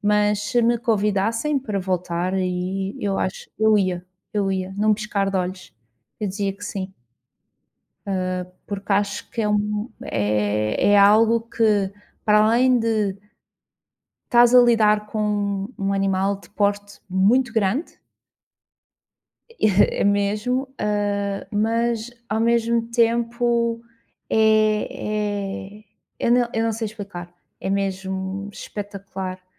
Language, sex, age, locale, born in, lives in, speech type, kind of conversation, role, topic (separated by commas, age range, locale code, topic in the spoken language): Portuguese, female, 35-39, pt-PT, Portugal, Portugal, conversation, unstructured, none, O que motiva você a continuar praticando um passatempo?